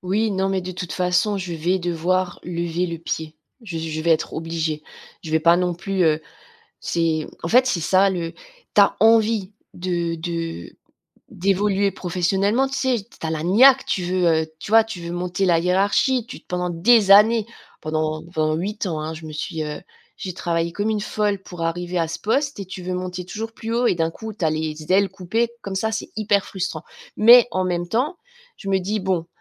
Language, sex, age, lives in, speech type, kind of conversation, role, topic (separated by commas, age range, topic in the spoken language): French, female, 45-49, France, conversation, advice, user, Quel diagnostic de santé vous oblige à prendre des décisions importantes pour la suite ?